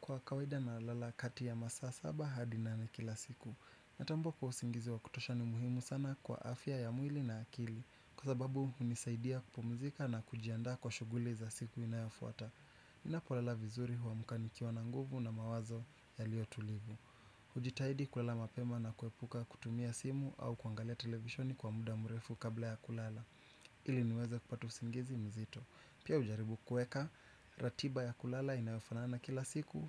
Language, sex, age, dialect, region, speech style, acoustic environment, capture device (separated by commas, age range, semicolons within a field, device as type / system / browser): Swahili, male, 25-34, Kenya - Nairobi Sheng-influenced, Kenya, anxious; relaxed; nervous, nature; appliances, desktop / Windows / Chrome